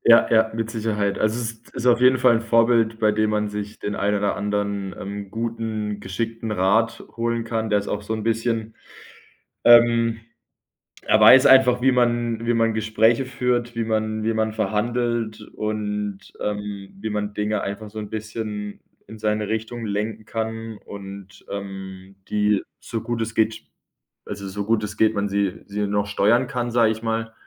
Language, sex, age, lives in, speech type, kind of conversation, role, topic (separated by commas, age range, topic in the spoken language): German, male, 25-29, Germany, conversation, advice, user, Wie blockiert dich der Vergleich mit anderen in deinem eigenen Schaffen?